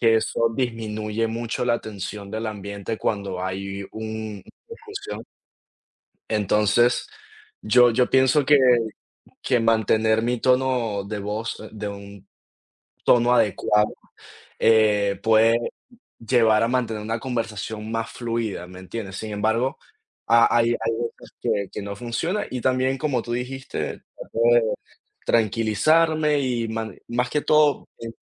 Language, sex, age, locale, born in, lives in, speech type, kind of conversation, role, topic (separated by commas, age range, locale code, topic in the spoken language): Spanish, male, 20-24, es-VE, Venezuela, United States, conversation, unstructured, none, ¿Cómo manejas una discusión cuando estás muy enojado?